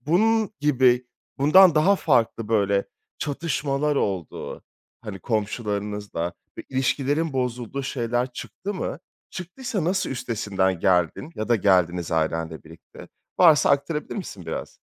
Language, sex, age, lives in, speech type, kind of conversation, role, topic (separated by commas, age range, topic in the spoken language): Turkish, male, 30-34, France, conversation, podcast, host, Komşuluk bağlarını güçlendirmenin basit yolları nelerdir?